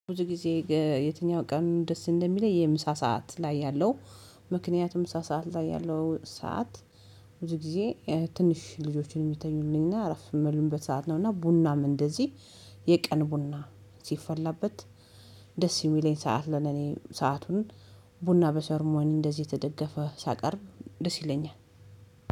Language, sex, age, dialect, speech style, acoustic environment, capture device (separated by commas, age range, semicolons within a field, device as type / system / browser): Amharic, female, 35-44, Ethiopia - Addis Ababa, happy, silence, mobile / Linux / Chrome